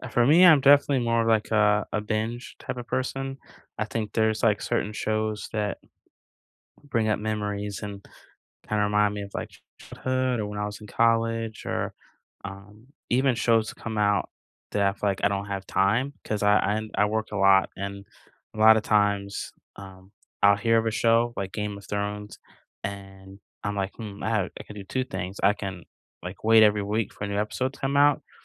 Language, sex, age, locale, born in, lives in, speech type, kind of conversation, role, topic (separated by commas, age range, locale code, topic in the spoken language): English, male, 40-44, en-US, United States, United States, conversation, unstructured, none, What movies or shows do you rewatch for comfort?